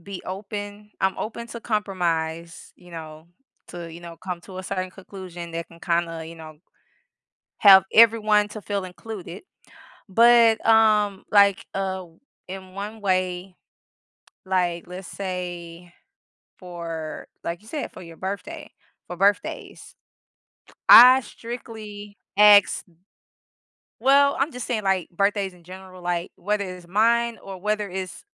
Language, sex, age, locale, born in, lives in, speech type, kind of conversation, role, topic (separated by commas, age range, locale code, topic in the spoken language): English, female, 35-39, en-US, United States, United States, conversation, unstructured, none, What makes a celebration meaningful to you when spending time with others?